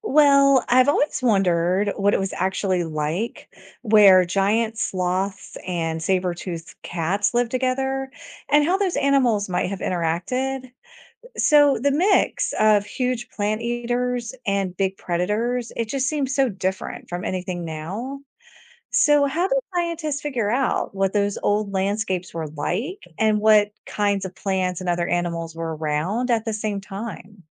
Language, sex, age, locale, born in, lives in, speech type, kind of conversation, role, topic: English, female, 45-49, en-US, United States, United States, read, expert-assistant, asker, Which era would be more fascinating to witness: dinosaurs or giant mammals?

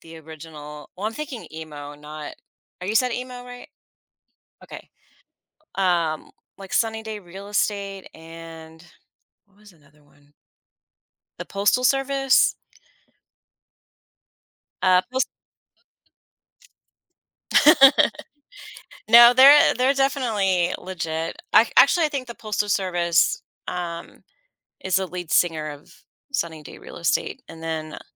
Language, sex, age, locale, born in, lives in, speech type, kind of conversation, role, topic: English, female, 40-44, en-US, United States, United States, conversation, podcast, host, How does music shape your routines and experiences each day?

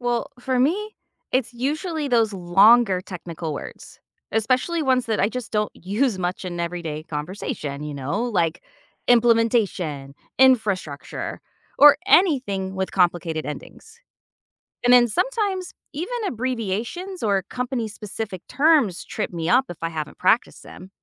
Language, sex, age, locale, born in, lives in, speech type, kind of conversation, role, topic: English, female, 45-49, en-US, United States, United States, read, expert-assistant, asker, Should public speakers use a neutral accent?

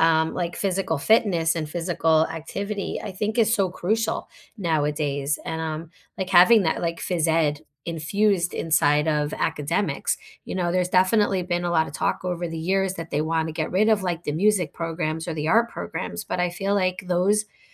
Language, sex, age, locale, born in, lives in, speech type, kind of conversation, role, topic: English, female, 45-49, en-US, United States, United States, conversation, unstructured, none, How have music, art, or sports influenced your learning?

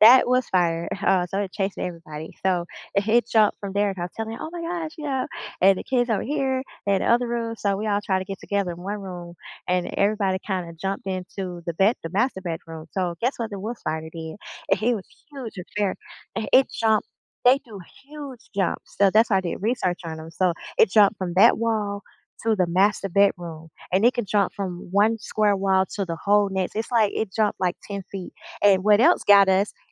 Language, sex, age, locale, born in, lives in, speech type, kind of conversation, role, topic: English, female, 45-49, en-US, United States, United States, conversation, unstructured, none, What is the most surprising animal encounter you have ever had?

